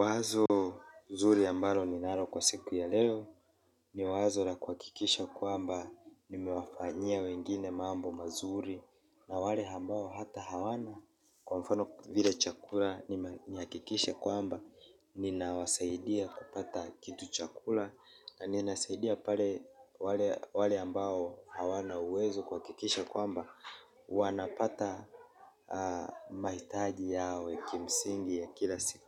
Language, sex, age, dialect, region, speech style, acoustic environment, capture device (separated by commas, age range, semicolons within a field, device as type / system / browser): Swahili, male, 25-34, Kenya - Mombasa, Kenya, relaxed, silence; people talking, mobile / Linux / Chrome